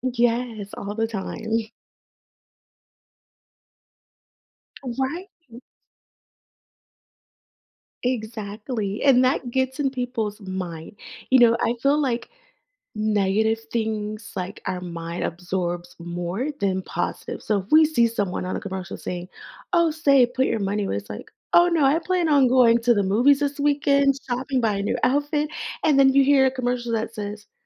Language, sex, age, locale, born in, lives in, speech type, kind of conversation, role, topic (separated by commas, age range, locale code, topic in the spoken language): English, female, 30-34, en-US, United States, United States, conversation, podcast, guest, What are some habits that can lead to financial problems, and how can we avoid them?